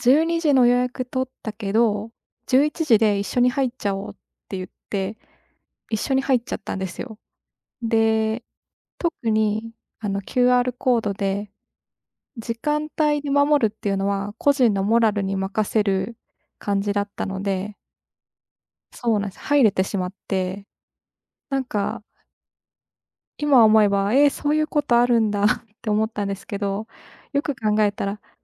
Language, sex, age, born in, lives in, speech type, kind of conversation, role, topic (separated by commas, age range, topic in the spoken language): Japanese, female, 25-29, Japan, Japan, conversation, unstructured, none, 迷惑行為をしている人には、どのように声をかけますか？